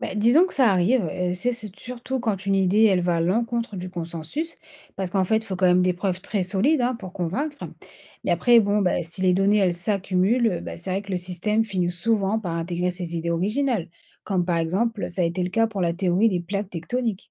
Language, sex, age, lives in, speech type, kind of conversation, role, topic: French, female, 30-34, France, read, expert-assistant, expert, Comment savoir si une découverte sur la vie ailleurs dans l’univers est vraiment crédible ?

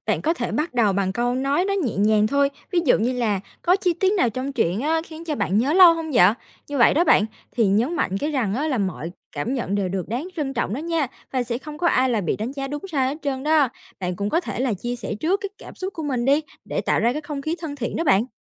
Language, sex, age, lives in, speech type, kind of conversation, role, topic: Vietnamese, female, 18-19, Vietnam, read, expert-assistant, expert, Bạn có ý tưởng nào để làm cho việc so sánh truyện ngắn Việt Nam và phương Tây trở nên thú vị hơn không?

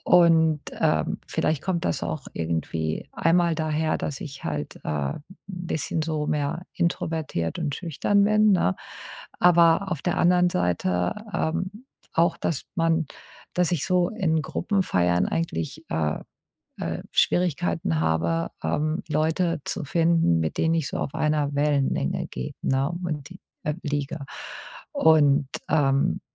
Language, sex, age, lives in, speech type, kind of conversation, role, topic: German, female, 50-54, United States, conversation, advice, user, Wie kann ich besser mit Schwierigkeiten beim Feiern in Gruppen umgehen?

